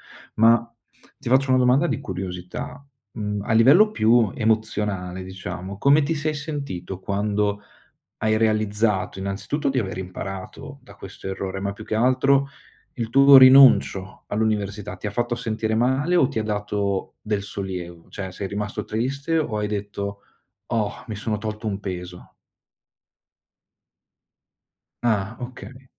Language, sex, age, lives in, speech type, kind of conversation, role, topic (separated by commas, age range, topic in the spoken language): Italian, male, 25-29, Italy, conversation, podcast, host, Che cosa hai imparato da un grande errore nella tua vita?